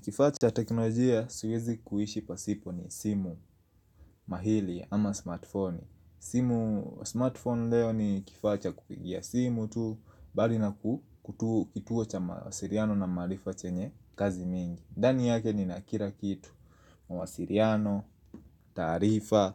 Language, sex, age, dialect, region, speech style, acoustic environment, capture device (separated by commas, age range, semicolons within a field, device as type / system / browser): Swahili, male, 25-34, Kenya - Nairobi Sheng-influenced, Kenya, happy; focused, silence; nature, mobile / Linux / Chrome